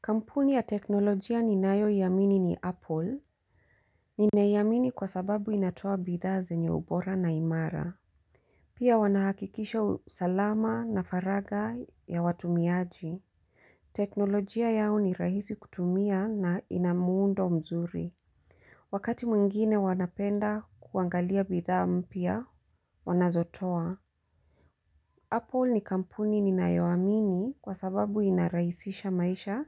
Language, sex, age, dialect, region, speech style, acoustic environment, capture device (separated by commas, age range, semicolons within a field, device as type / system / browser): Swahili, female, 35-44, Kenya - Mombasa, Kenya, happy, silence, desktop / Linux / Chrome